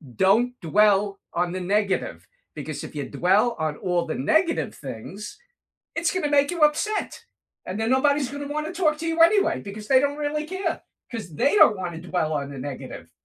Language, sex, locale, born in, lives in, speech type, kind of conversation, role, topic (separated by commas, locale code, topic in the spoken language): English, male, en-US, United States, United States, conversation, unstructured, none, What does balance look like for you?